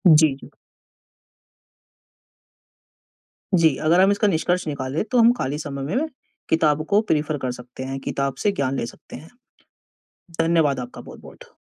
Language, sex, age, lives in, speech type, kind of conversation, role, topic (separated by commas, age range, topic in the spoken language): Hindi, male, 20-24, India, conversation, unstructured, none, किताबें पढ़ना और फिल्में देखना—इन दोनों में से आप क्या चुनेंगे?